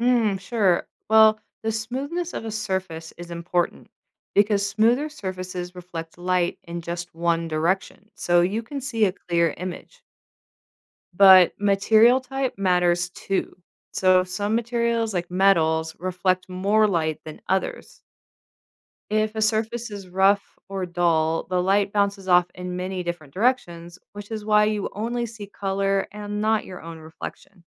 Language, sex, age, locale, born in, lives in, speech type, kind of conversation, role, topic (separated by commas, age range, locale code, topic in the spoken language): English, female, 40-44, en-US, United States, United States, read, expert-assistant, expert, Why do we see our reflection in a mirror?